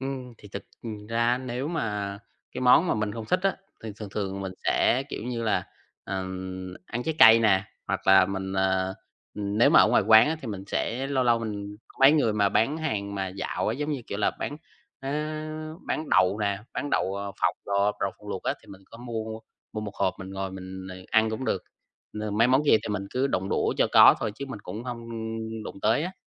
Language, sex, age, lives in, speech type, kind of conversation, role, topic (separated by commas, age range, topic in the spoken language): Vietnamese, male, 20-24, Vietnam, conversation, advice, user, Làm sao tôi có thể đối phó với áp lực ăn uống từ bạn bè khi đi ăn?